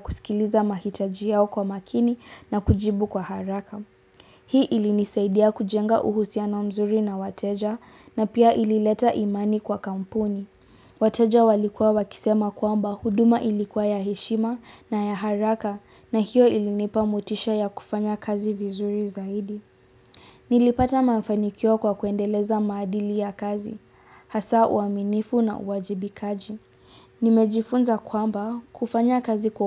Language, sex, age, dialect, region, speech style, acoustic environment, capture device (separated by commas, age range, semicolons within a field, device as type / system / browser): Swahili, female, 18-24, Kenya - Nairobi Sheng-influenced, Kenya, relaxed, silence; appliances, mobile / Linux / Chrome